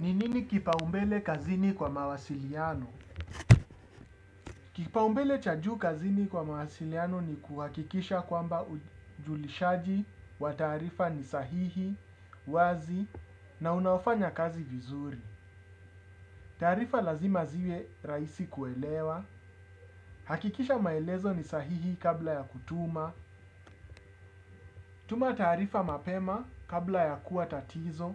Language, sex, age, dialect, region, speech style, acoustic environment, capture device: Swahili, male, 35-44, Kenya - Nairobi Sheng-influenced, Kenya, focused, silence, desktop / Windows / Chrome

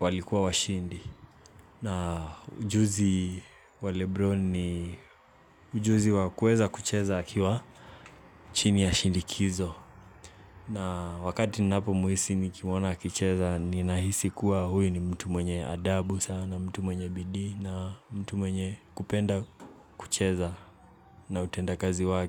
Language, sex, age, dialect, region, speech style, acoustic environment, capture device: Swahili, male, 18-24, Kenya - Mombasa, Kenya, relaxed, nature, mobile / Linux / Chrome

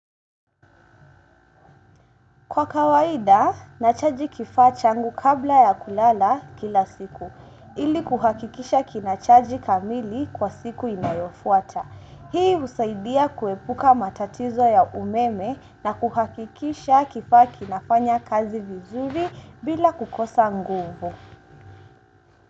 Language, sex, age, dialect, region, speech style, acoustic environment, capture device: Swahili, female, 18-24, Kenya - Mombasa, Kenya, relaxed, silence, desktop / Windows / Chrome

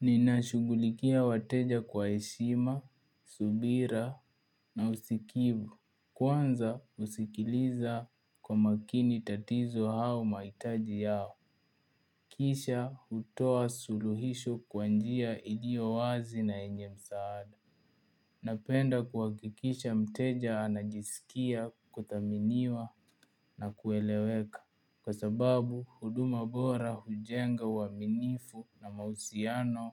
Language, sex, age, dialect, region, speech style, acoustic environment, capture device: Swahili, male, 18-24, Kenya - Nairobi Sheng-influenced, Kenya, focused, appliances; nature, mobile / Linux / Chrome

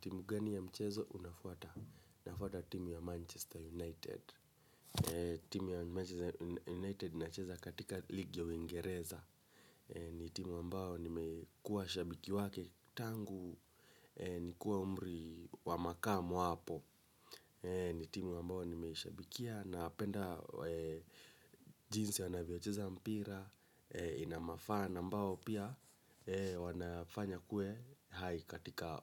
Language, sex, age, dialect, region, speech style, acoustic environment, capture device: Swahili, male, 25-34, Kenya - Nairobi Sheng-influenced, Kenya, relaxed, silence, mobile / Linux / Chrome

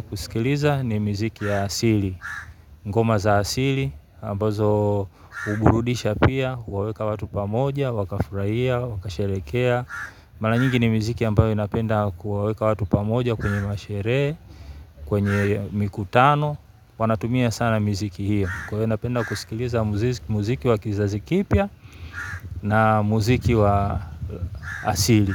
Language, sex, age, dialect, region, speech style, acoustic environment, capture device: Swahili, male, 35-44, Tanzania - Dar es Salaam, Tanzania, happy; relaxed; focused, people talking; nature, mobile / Linux / Chrome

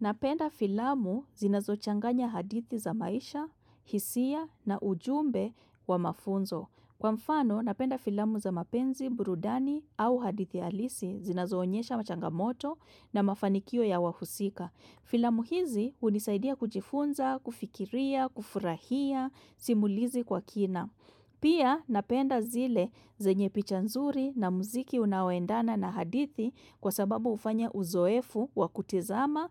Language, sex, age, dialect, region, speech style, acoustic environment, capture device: Swahili, female, 25-34, Kenya - Nairobi Sheng-influenced, Kenya, relaxed, silence, desktop / macOS / Chrome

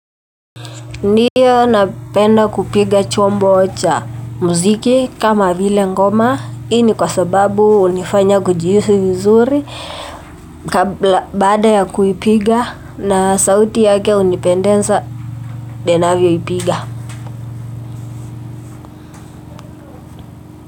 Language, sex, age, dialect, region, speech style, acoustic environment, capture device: Swahili, female, 18-24, Kenya - Nairobi Sheng-influenced, Kenya, relaxed, nature, mobile / Linux / Chrome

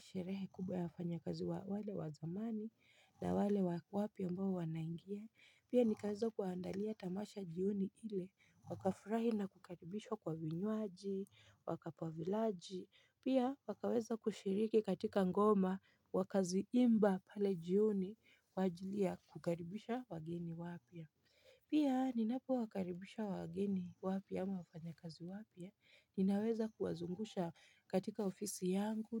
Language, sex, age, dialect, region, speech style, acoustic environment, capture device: Swahili, female, 25-34, Kenya - Nairobi Sheng-influenced, Kenya, relaxed; focused, silence, mobile / Linux / Chrome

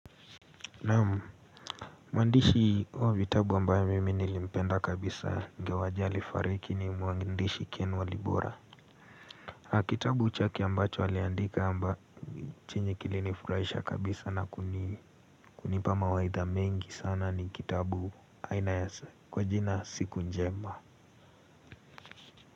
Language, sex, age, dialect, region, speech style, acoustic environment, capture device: Swahili, male, 18-24, Kenya - Nairobi Sheng-influenced, Kenya, relaxed; focused, silence; nature, mobile / Linux / Chrome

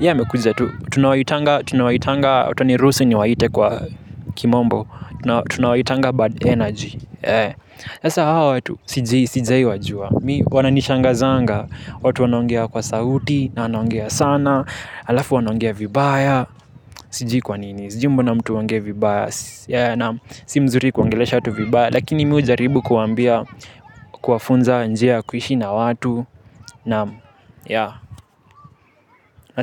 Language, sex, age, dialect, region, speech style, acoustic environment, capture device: Swahili, male, 18-24, Kenya - Nairobi Sheng-influenced, Kenya, happy, nature, mobile / Linux / Chrome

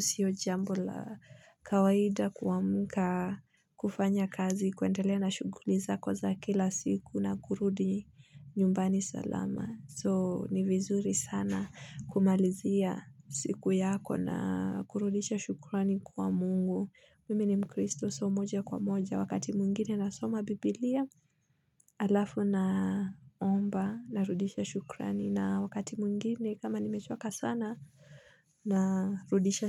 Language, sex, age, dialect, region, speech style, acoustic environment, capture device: Swahili, female, 25-34, Kenya - Nairobi Sheng-influenced, Kenya, focused, nature, mobile / Linux / Chrome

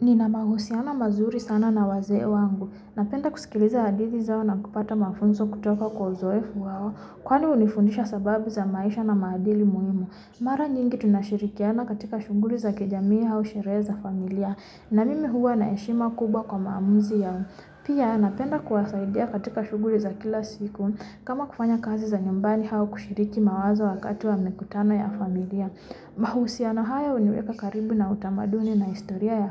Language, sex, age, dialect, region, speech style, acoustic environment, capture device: Swahili, female, 25-34, Kenya - Nairobi Sheng-influenced, Kenya, relaxed, nature, mobile / Linux / Chrome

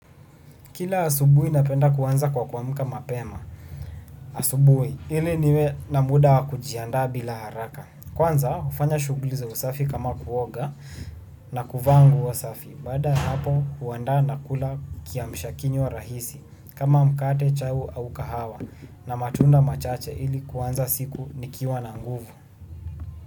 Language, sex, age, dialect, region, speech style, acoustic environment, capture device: Swahili, male, 18-24, Kenya - Nairobi Sheng-influenced, Kenya, tired, silence, desktop / Windows / Chrome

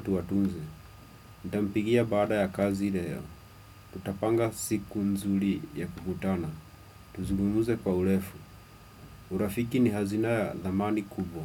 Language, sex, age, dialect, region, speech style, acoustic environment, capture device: Swahili, male, 25-34, Kenya - Nairobi Sheng-influenced, Kenya, relaxed; happy, silence, desktop / Windows / Chrome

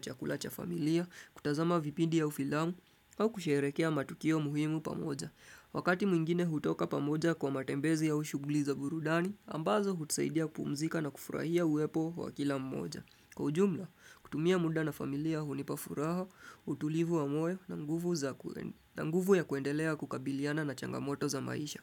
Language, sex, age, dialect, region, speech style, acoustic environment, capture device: Swahili, male, 25-34, Kenya - Nairobi Sheng-influenced, Kenya, focused, music; people talking; appliances; nature, desktop / Windows / Chrome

